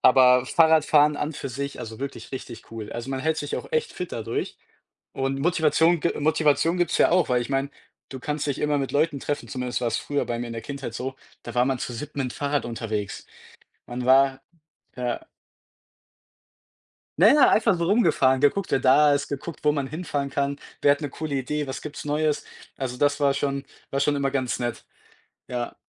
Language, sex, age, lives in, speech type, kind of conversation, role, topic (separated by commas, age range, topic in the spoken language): German, male, 20-24, Germany, conversation, unstructured, none, Was motiviert dich, regelmäßig Sport zu treiben?